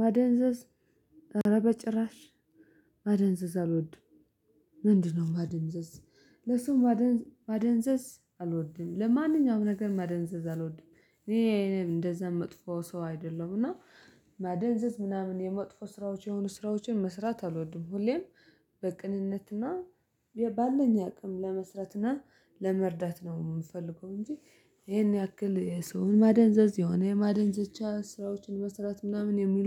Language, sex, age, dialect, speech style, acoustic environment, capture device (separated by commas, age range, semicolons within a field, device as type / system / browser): Amharic, male, 25-34, Ethiopia - Addis Ababa, relaxed, silence, mobile / Linux / Chrome